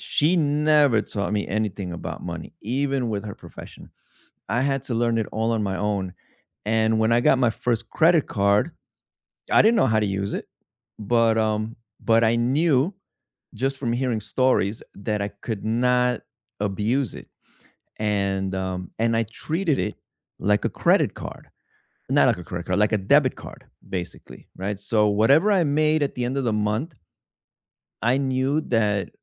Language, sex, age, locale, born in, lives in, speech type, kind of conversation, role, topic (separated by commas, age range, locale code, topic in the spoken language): English, male, 35-39, en-US, United States, United States, conversation, unstructured, none, What is the most surprising thing you have learned outside of school?